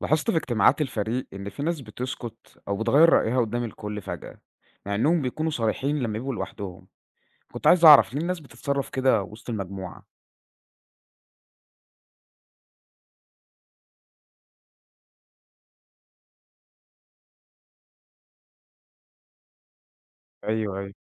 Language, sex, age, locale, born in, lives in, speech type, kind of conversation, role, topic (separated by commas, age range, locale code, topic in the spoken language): Arabic, male, 20-24, ar-EG, Egypt, Egypt, read, expert-assistant, asker, ممكن تشرحلي يعني إيه تأثير المجموعة على تصرفات الفرد؟ زي ليه ساعات الناس بتتصرف بشكل مختلف لما يكونوا وسط ناس كتير؟